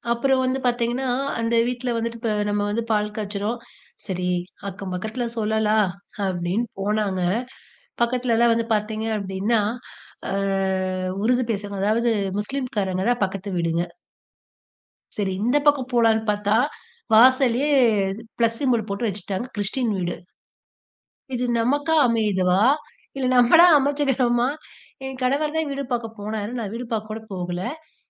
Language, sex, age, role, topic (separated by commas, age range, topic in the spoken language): Tamil, female, 30-34, guest, அண்டை ஊரில் உள்ளவர்களோடு உணவுப் பரிமாற்றம் செய்வது எப்படி இருக்கிறது?